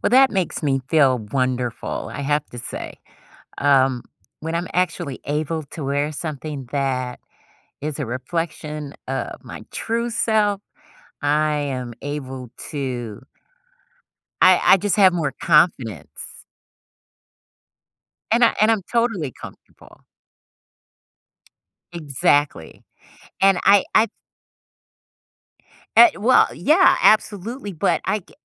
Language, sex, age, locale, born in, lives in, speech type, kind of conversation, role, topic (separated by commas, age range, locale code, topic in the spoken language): English, female, 55-59, en-US, United States, United States, conversation, podcast, guest, How does wearing something that reflects your true self make you feel?